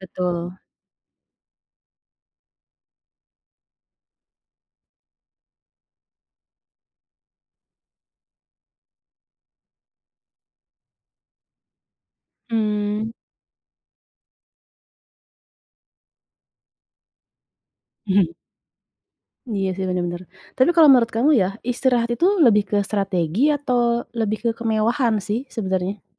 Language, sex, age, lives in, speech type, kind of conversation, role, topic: Indonesian, female, 35-39, Indonesia, conversation, podcast, host, Bagaimana biasanya kamu tahu kapan harus istirahat dan kapan perlu terus mendorong diri?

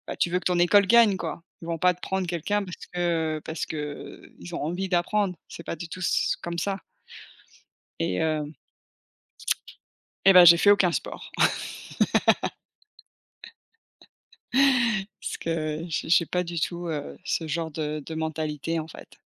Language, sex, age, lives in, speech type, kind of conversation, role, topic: French, female, 40-44, United States, conversation, unstructured, none, Quel sport recommanderais-tu à quelqu’un qui débute ?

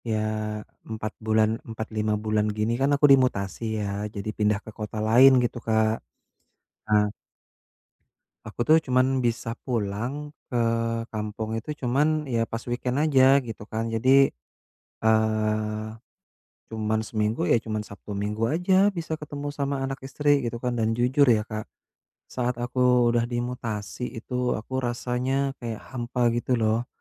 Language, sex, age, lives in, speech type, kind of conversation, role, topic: Indonesian, male, 30-34, Indonesia, conversation, advice, user, Bagaimana saya bisa tetap termotivasi tanpa memberi tekanan berlebihan pada diri sendiri?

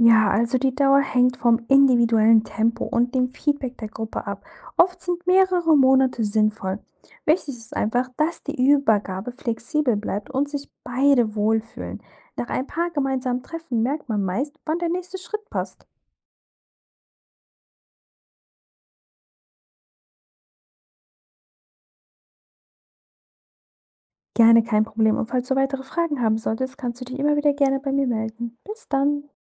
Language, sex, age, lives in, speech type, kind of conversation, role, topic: German, female, 25-29, Germany, read, expert-assistant, expert, Wie kann man ein Entwicklungsprojekt planen, damit es auch nach Jahren noch hilft?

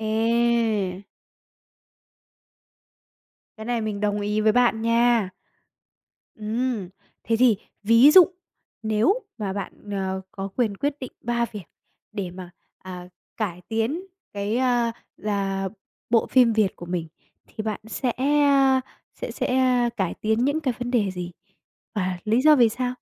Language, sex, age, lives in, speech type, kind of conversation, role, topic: Vietnamese, female, 45-49, Vietnam, conversation, podcast, host, Theo bạn, phim Việt hiện nay đang thiếu điều gì nhất?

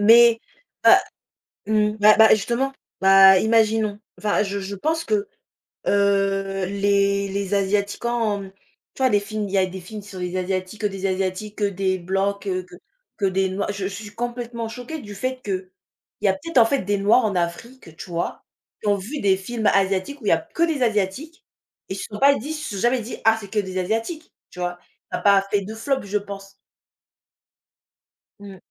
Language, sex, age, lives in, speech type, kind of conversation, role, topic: French, female, 20-24, France, conversation, unstructured, none, Pourquoi la diversité dans les films et les séries reste-t-elle un sujet si débattu ?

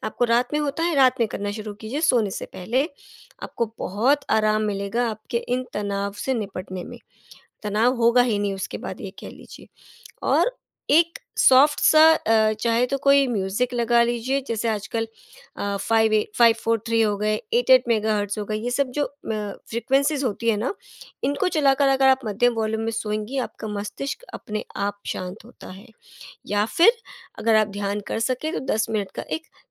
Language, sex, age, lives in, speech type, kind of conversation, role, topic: Hindi, female, 35-39, India, conversation, advice, advisor, तनाव के समय आपकी भूख कैसे बदलती है और आपकी खाने की आदतें कैसे गड़बड़ हो जाती हैं?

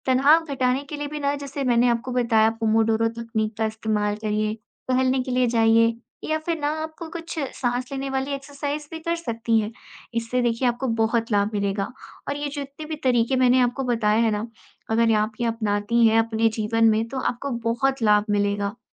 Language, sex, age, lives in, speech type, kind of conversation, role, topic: Hindi, female, 20-24, India, conversation, advice, advisor, काम के तनाव के कारण रिश्ते में छोटी-छोटी बातों पर झगड़े क्यों हो जाते हैं?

